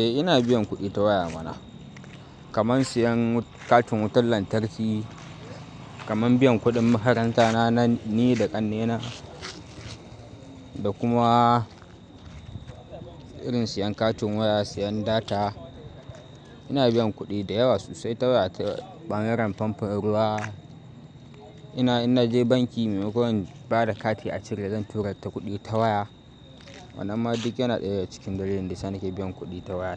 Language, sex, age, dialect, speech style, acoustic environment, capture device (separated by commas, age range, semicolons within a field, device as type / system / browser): Hausa, male, 18-24, Nigeria - Kano, focused, nature, mobile / Linux / Chrome